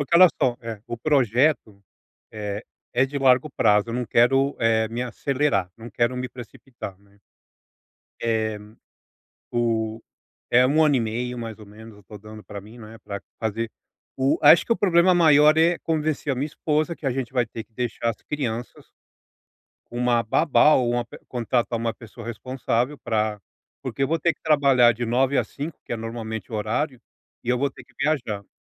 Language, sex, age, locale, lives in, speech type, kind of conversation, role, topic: Portuguese, male, 40-44, pt-BR, United States, conversation, advice, user, Como posso tomar decisões quando tenho informações limitadas?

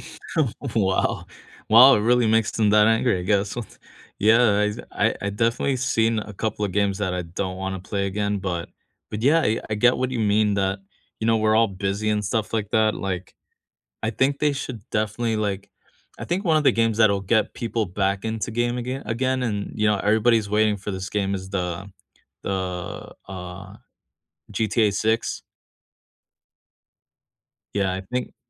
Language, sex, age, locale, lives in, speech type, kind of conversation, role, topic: English, male, 35-39, en-US, United States, conversation, unstructured, none, Which video games have sparked great friendships or memorable co-op moments for you?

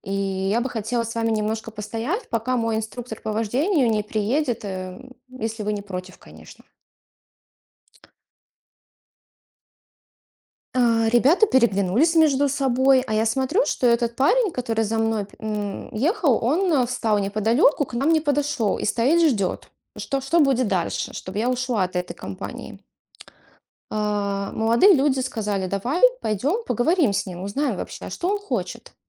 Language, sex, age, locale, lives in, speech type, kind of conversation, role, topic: Russian, female, 35-39, ru-RU, Estonia, conversation, podcast, guest, Помнишь случай, когда помощь незнакомцев спасла ситуацию?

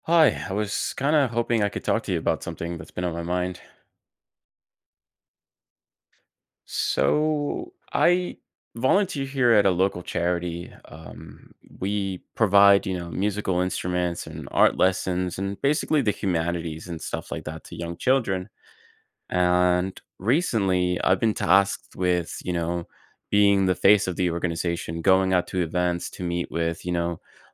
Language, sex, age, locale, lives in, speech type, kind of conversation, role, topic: English, male, 30-34, en-US, United States, conversation, advice, user, How can I ask for help without feeling embarrassed?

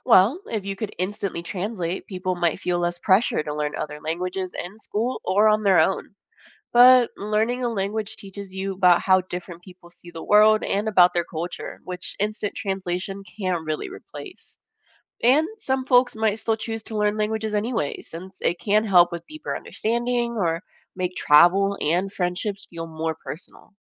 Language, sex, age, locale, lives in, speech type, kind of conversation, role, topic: English, female, 20-24, en-US, United States, read, expert-assistant, expert, What if we could invent a method to instantly translate any language?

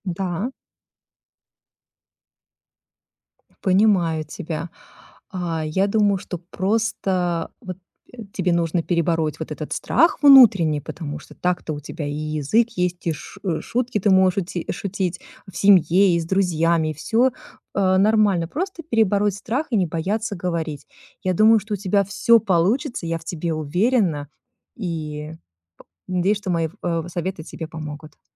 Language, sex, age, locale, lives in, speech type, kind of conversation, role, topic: Russian, female, 40-44, ru-RU, United States, conversation, advice, advisor, Почему я чувствую неуверенность на новой работе или среди новых людей?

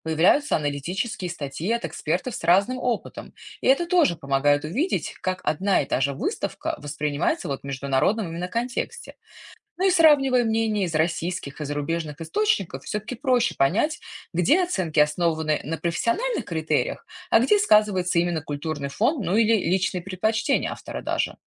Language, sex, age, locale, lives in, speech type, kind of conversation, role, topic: Russian, female, 35-39, ru-RU, United States, read, expert-assistant, expert, Где искать качественные рецензии и обсуждать искусство с экспертами и зрителями?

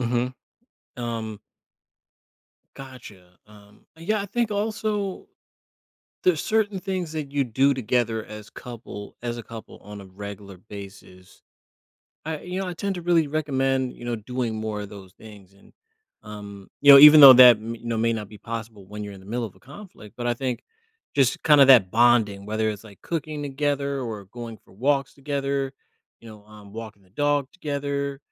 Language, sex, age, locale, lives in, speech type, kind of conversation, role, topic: English, male, 35-39, en-US, United States, conversation, advice, advisor, How can I calmly resolve a small conflict with my partner?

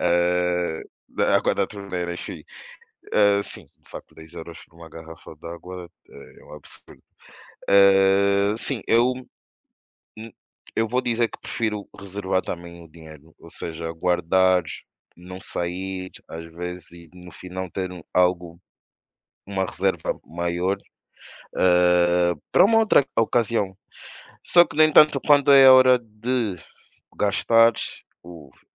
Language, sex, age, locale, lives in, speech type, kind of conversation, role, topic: Portuguese, male, 25-29, pt-PT, Portugal, conversation, unstructured, none, Você prefere guardar dinheiro ou gastar com experiências?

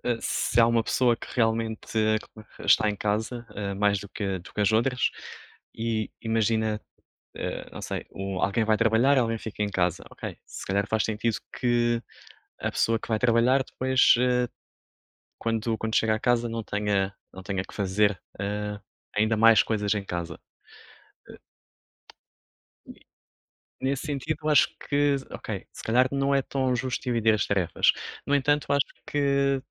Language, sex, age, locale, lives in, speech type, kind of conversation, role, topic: Portuguese, male, 30-34, pt-PT, Portugal, conversation, unstructured, none, Você acha justo dividir as tarefas da casa igualmente?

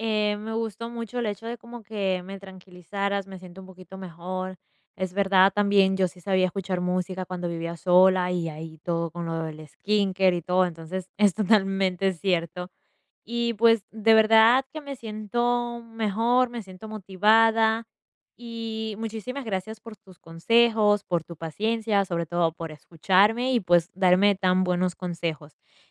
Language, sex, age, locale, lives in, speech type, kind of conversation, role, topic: Spanish, female, 20-24, es-EC, United States, conversation, advice, user, ¿Por qué me cuesta relajarme en casa y qué puedo hacer para lograrlo?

